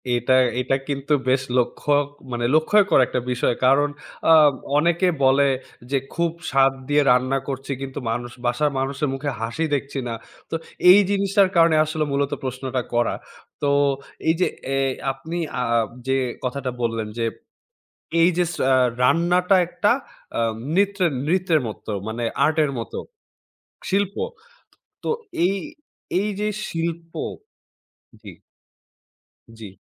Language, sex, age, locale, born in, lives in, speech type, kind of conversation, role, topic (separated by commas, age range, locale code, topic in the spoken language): Bengali, male, 20-24, bn-BD, Bangladesh, Bangladesh, conversation, podcast, host, স্বল্প বাজেটে সুস্বাদু রান্না কীভাবে করা যায়?